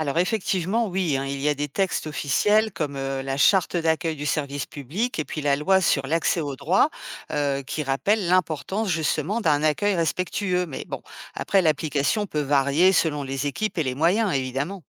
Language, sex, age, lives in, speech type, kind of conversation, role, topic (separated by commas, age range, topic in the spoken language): French, female, 50-54, France, read, expert-assistant, expert, Comment expliques-tu le rôle du travailleur social dans la vie de tous les jours ?